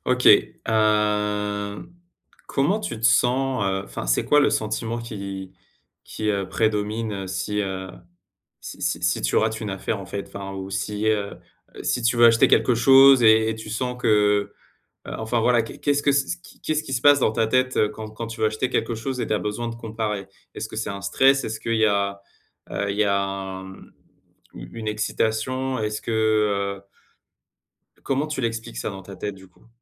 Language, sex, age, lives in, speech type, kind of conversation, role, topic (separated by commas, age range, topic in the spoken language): French, male, 35-39, France, conversation, advice, advisor, Comment décririez-vous votre peur de rater une bonne affaire ou une promotion ?